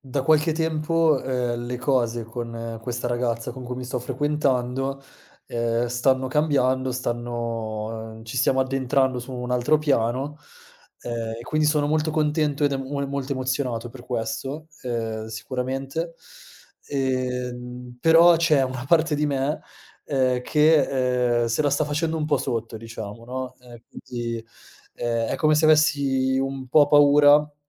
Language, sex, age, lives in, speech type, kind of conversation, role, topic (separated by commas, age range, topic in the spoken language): Italian, male, 30-34, Italy, conversation, advice, user, Come posso superare la paura di mostrarmi vulnerabile in una relazione?